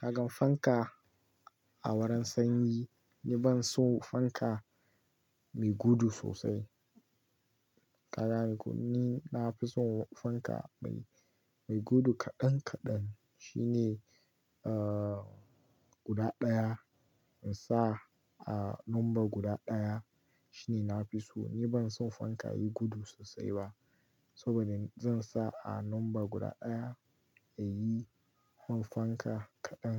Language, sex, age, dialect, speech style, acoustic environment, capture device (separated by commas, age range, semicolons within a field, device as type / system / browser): Hausa, male, 18-24, Nigeria - Kano, relaxed; excited, nature, mobile / Linux / Chrome